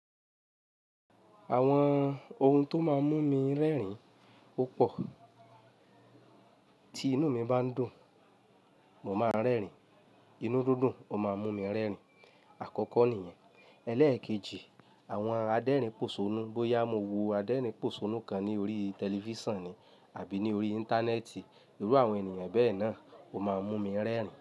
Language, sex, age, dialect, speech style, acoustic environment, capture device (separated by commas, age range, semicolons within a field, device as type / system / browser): Yoruba, male, 25-34, Nigeria - Lagos Yoruba, happy; relaxed; excited, silence, mobile / Linux / Chrome